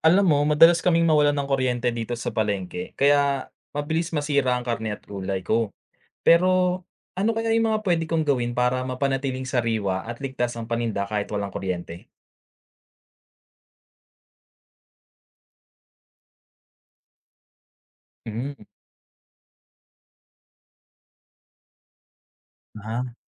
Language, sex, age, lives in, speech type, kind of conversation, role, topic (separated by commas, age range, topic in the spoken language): Filipino, male, 25-29, Philippines, read, expert-assistant, asker, Ano ang mga epektibong paraan upang mapanatili ang kaligtasan ng pagkain sa panahon ng brownout o pagkawala ng kuryente, lalo na sa mga nagtitinda ng karne at gulay?